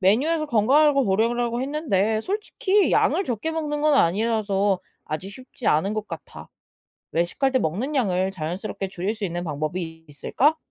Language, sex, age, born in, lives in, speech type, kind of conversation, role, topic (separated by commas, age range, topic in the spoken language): Korean, female, 20-24, South Korea, Japan, read, expert-assistant, asker, 저탄수화물 식단과 저지방 식단 중 어떤 것이 체중 감량에 더 효과적일까요?